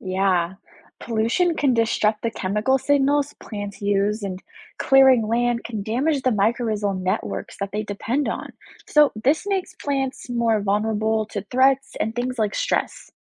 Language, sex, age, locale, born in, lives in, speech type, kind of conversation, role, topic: English, female, 20-24, en-US, United States, United States, read, expert-assistant, expert, How do plants communicate with each other?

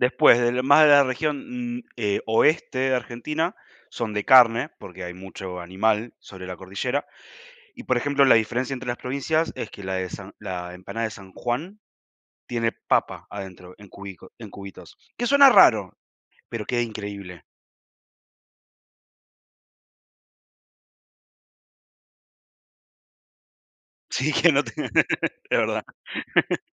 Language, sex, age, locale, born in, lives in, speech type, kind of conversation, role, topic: Spanish, male, 20-24, es-AR, Argentina, Spain, conversation, unstructured, none, ¿Puedes contarme una anécdota divertida de alguna celebración cultural?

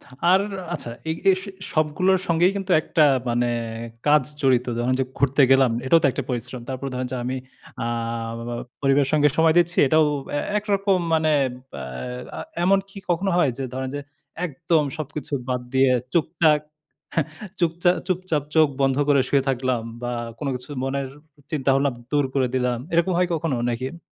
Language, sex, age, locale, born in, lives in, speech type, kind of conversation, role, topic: Bengali, male, 30-34, bn-BD, Bangladesh, Bangladesh, conversation, podcast, host, ব্যস্ত দিনে তুমি কীভাবে শরীর ও মনকে একটু বিশ্রাম দাও?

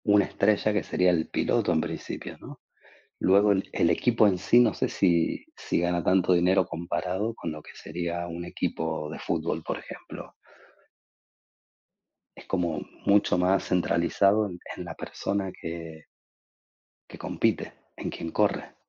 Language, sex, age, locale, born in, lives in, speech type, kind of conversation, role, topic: Spanish, male, 40-44, es-AR, Argentina, Spain, conversation, unstructured, none, ¿Es justo que en algunos deportes se pague mucho y en otros no se pague nada?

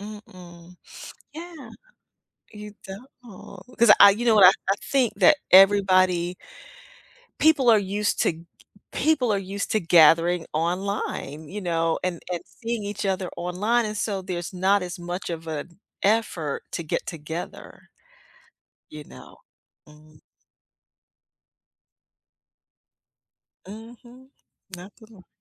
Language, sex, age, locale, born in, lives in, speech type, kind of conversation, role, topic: English, female, 55-59, en-US, United States, United States, conversation, unstructured, none, Is there a song that instantly takes you back to a special time?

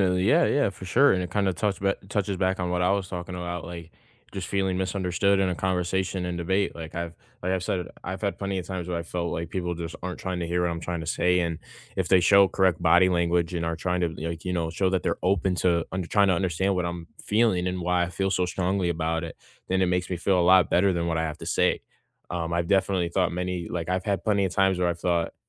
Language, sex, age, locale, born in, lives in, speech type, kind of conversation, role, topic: English, male, 18-19, en-US, United States, United States, conversation, unstructured, none, How can we respect different opinions during a discussion?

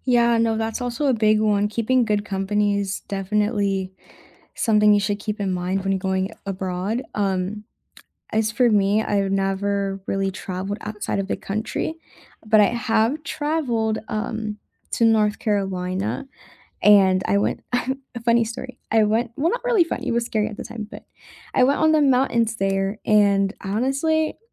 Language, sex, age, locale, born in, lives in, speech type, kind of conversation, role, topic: English, female, 20-24, en-US, United States, United States, conversation, unstructured, none, Can adventure travel be made genuinely safe while preserving real thrill and authentic risk?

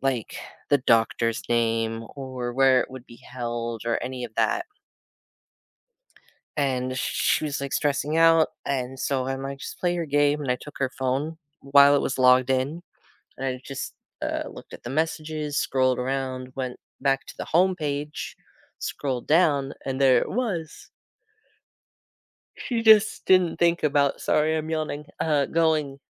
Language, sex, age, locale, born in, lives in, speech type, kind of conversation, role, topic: English, male, 30-34, en-US, United States, United States, conversation, unstructured, none, What’s a time you solved a problem that made you proud?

